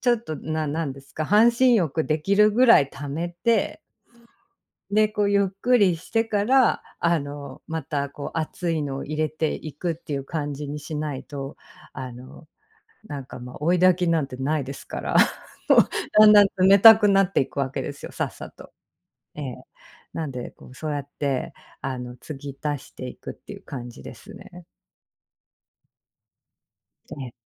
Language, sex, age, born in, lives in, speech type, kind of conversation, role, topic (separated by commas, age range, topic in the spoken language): Japanese, female, 45-49, Japan, United States, conversation, podcast, guest, お風呂でリラックスするためのコツは何ですか？